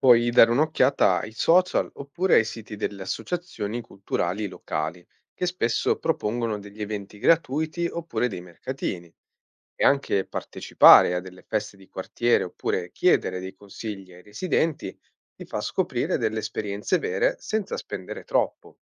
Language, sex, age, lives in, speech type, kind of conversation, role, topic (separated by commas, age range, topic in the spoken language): Italian, male, 25-29, Italy, read, expert-assistant, expert, Come viaggiare risparmiando e vivendo esperienze autentiche?